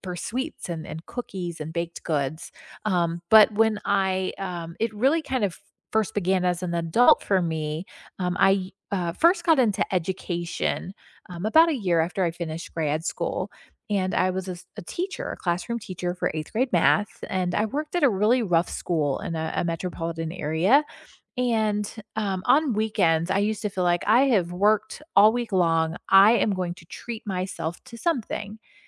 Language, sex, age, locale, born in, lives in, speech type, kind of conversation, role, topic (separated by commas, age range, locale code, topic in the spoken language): English, female, 40-44, en-US, United States, United States, conversation, unstructured, none, What is the story behind your favorite weekend ritual, and what makes it meaningful to you?